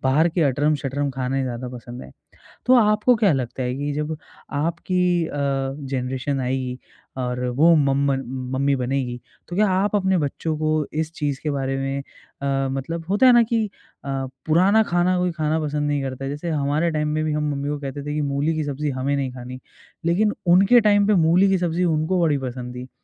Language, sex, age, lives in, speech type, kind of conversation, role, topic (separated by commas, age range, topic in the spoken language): Hindi, male, 25-29, India, conversation, podcast, host, Tum apni khaane ki paramparayein agali peedhi tak kaise pahunchaoge?